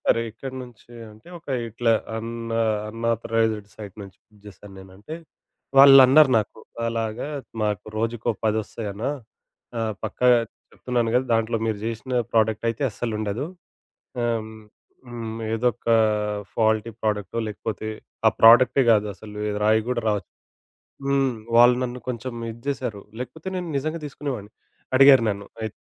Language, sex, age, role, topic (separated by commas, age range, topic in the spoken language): Telugu, male, 25-29, guest, నాణ్యమైన వస్తువులు మాత్రమే ఎంచుకుని, అవసరమైనంత మాత్రమే కొనుగోలు చేయడంలో మీకు మార్గదర్శకంగా ఉండే సూత్రం ఏమిటి?